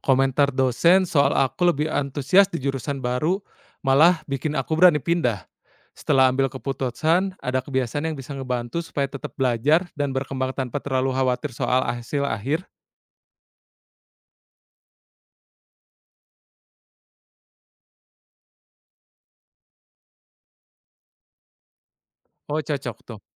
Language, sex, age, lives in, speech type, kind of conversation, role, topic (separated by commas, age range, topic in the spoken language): Indonesian, male, 30-34, Indonesia, read, expert-assistant, asker, Pernahkah kamu merasa ragu saat mengambil keputusan? Bagaimana jika kita melihatnya seperti ilmuwan yang mencari petunjuk kehidupan di luar angkasa?